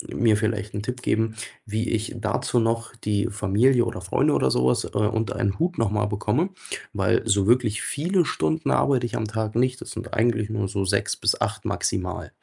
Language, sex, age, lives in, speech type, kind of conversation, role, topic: German, male, 25-29, Germany, conversation, advice, user, Wie schaffst du es, Sport in deinen engen Arbeits- und Familienalltag einzubauen?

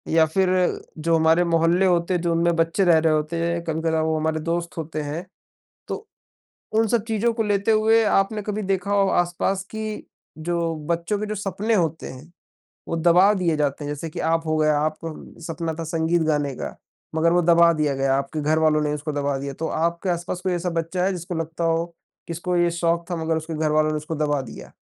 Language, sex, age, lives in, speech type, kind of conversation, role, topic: Hindi, male, 20-24, India, conversation, unstructured, none, क्या पढ़ाई का दबाव बच्चों के सपनों को चोट पहुँचाता है?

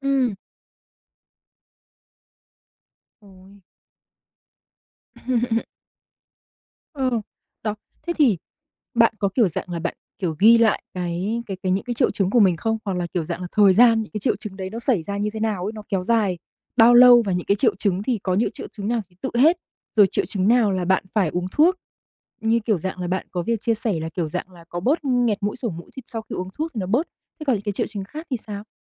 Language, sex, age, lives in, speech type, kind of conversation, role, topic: Vietnamese, female, 25-29, Vietnam, conversation, advice, advisor, Bạn có thường lo lắng quá mức về sức khỏe khi chỉ gặp những triệu chứng nhỏ không?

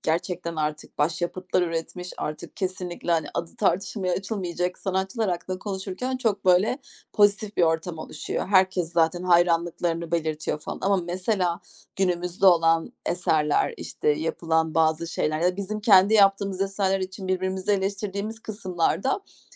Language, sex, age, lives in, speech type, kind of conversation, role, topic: Turkish, female, 40-44, Germany, conversation, podcast, guest, Ağını genişletmek için her gün neler yapıyorsun?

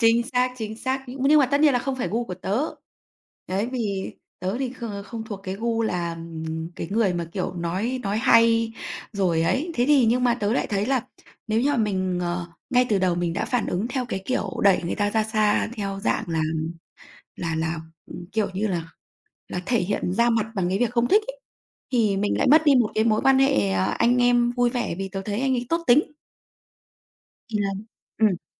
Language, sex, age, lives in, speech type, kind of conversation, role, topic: Vietnamese, female, 35-39, Vietnam, conversation, podcast, guest, Bạn đặt ranh giới trong giao tiếp như thế nào?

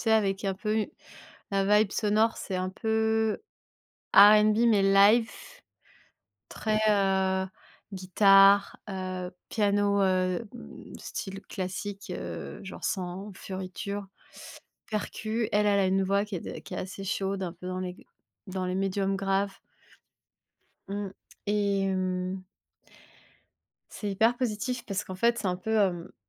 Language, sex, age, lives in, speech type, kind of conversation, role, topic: French, female, 30-34, France, conversation, unstructured, none, Quelle est ta chanson préférée en ce moment ?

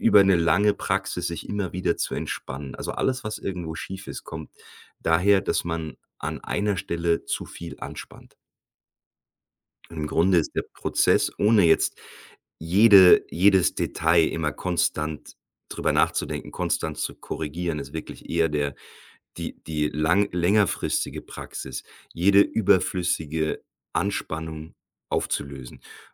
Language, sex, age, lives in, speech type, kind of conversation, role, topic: German, male, 40-44, Germany, conversation, podcast, guest, Wie kann eine aufrechte Körperhaltung das Selbstbewusstsein stärken?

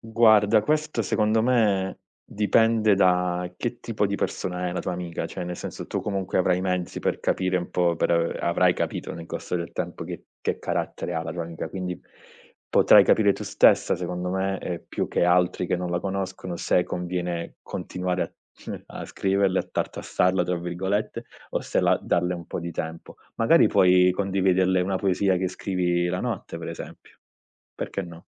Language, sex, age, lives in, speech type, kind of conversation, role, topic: Italian, male, 30-34, Italy, conversation, advice, advisor, Come posso smettere di rimuginare sugli errori passati che mi impediscono di dormire?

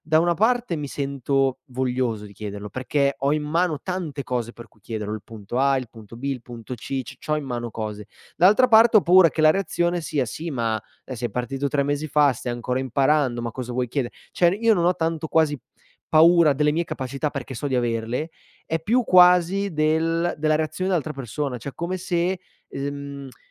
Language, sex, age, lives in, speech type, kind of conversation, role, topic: Italian, male, 25-29, Italy, conversation, advice, user, Come descriveresti la sindrome dell’impostore che provi prima di una promozione?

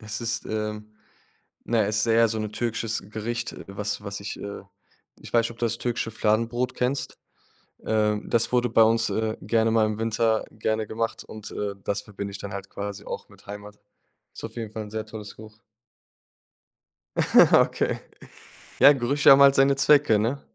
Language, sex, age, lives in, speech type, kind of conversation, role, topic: German, male, 25-29, Germany, conversation, podcast, host, Welche Gerüche wecken bei dir ein Gefühl von Heimat?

